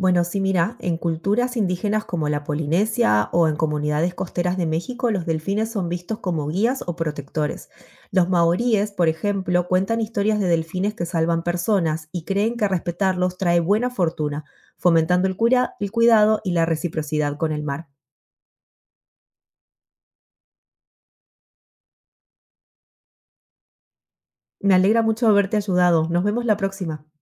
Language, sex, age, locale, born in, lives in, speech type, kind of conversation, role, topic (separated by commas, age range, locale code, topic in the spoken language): Spanish, female, 35-39, es-AR, Argentina, United States, read, expert-assistant, expert, ¿Cómo cambiaría el mundo si los humanos pudieran comunicarse con los delfines y otras especies marinas inteligentes?